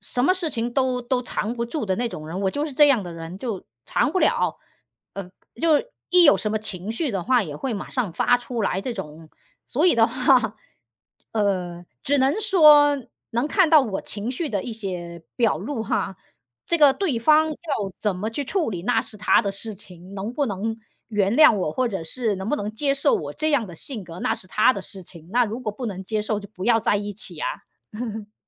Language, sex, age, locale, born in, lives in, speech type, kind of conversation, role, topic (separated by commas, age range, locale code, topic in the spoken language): Chinese, female, 40-44, zh-CN, China, United States, conversation, unstructured, none, 恋人之间撒谎可以接受吗？